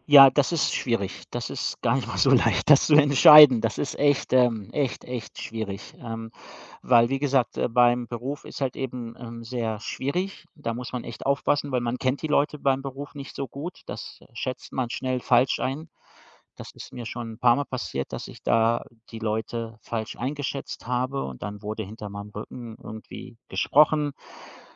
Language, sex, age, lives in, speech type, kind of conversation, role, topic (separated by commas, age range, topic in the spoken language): German, male, 45-49, Germany, conversation, podcast, guest, Wie findest du den Mut, sehr Persönliches zu teilen?